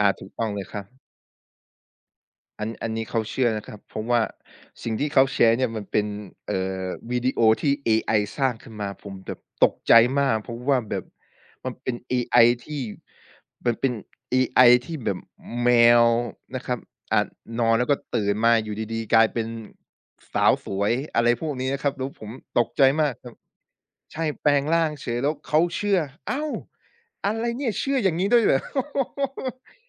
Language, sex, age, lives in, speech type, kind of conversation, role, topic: Thai, male, 25-29, Thailand, conversation, podcast, guest, เรามีวิธีคัดกรองข่าวปลอมบนโลกออนไลน์อย่างไรบ้าง?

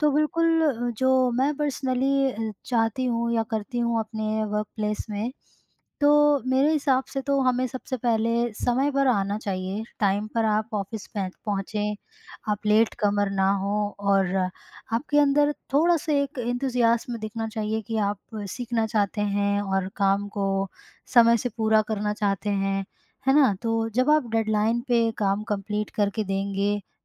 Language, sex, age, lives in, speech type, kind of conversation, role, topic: Hindi, female, 25-29, India, conversation, podcast, guest, Workplace mein logon ka bharosa jeetne ke sabse simple tareeke kya hain?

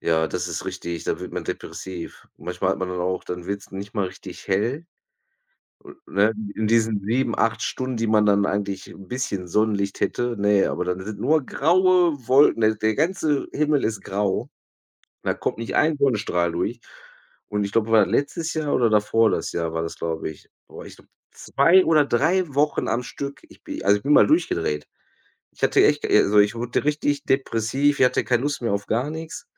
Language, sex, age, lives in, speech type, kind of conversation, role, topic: German, male, 35-39, Germany, conversation, unstructured, none, Was würde dich überraschen, wenn du in die Zukunft blicken könntest?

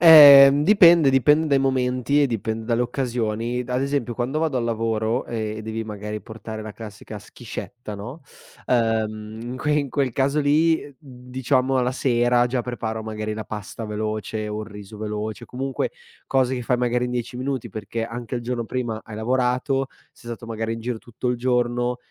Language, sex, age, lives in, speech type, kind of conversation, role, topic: Italian, male, 25-29, Italy, conversation, podcast, guest, Qual è il tuo metodo per cucinare velocemente nelle giornate più impegnative?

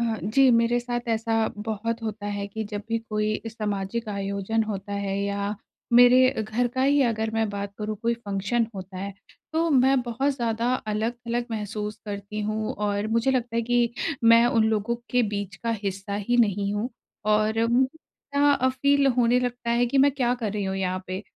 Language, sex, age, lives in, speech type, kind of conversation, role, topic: Hindi, female, 30-34, India, conversation, advice, user, सामाजिक आयोजनों में मुझे अलग-थलग क्यों महसूस होता है?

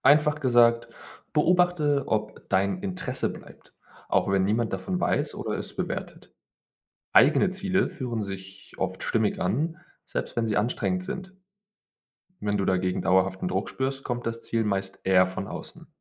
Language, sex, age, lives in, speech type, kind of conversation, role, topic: German, male, 25-29, Germany, read, expert-assistant, expert, Wie kann ich herausfinden, ob meine Ziele wirklich zu mir passen oder von anderen geprägt sind?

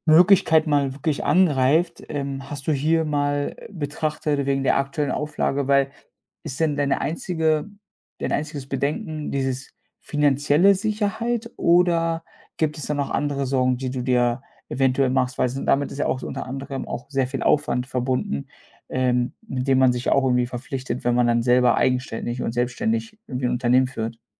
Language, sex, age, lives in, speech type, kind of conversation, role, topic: German, male, 25-29, Germany, conversation, advice, advisor, Soll ich ein eigenes Unternehmen gründen oder in meiner sicheren Anstellung bleiben?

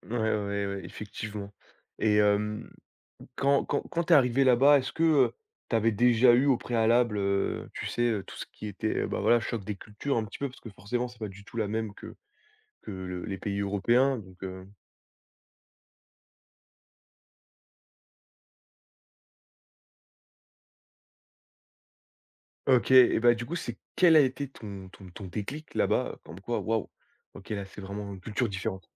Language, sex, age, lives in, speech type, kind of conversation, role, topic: French, male, 20-24, France, conversation, podcast, host, Peux-tu me raconter un voyage au cours duquel tu as découvert une culture très différente ?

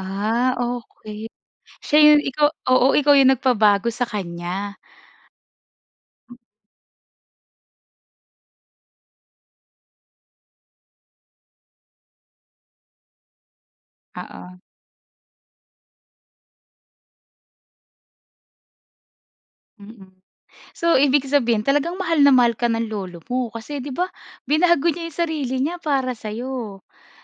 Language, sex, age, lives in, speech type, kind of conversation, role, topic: Filipino, female, 25-29, Philippines, conversation, podcast, host, Ano ang papel ng mga lolo at lola sa pagpapalaki ng mga anak sa inyong pamilya?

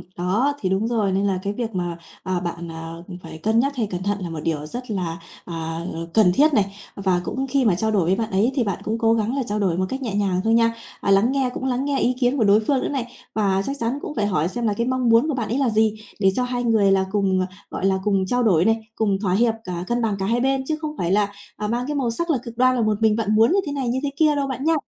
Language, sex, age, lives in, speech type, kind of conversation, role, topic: Vietnamese, female, 30-34, Vietnam, conversation, advice, advisor, Làm sao để chọn bạn đời mà không hối tiếc về sau?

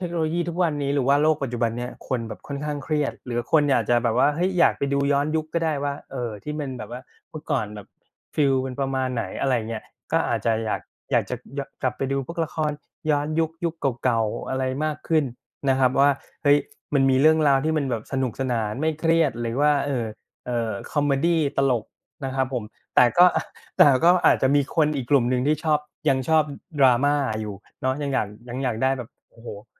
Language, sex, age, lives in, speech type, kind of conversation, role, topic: Thai, male, 40-44, Thailand, conversation, unstructured, none, คุณคิดอย่างไรกับความนิยมของละครโทรทัศน์ในยุคนี้?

